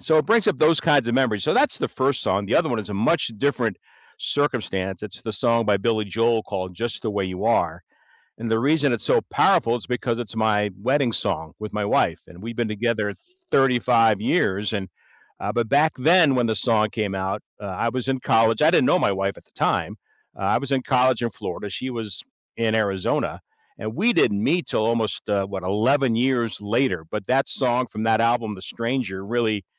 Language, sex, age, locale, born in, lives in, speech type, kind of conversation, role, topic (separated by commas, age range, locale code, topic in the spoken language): English, male, 65-69, en-US, United States, United States, conversation, unstructured, none, Which song instantly takes you back to a vivid moment, and what makes that memory meaningful?